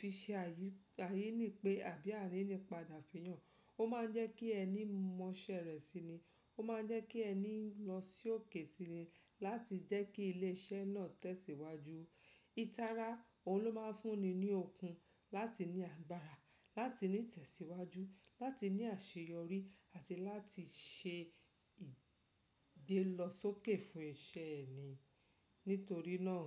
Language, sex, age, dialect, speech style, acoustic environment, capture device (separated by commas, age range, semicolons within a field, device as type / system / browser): Yoruba, female, 35-44, Nigeria - Lagos Yoruba, happy, silence, mobile / Linux / Chrome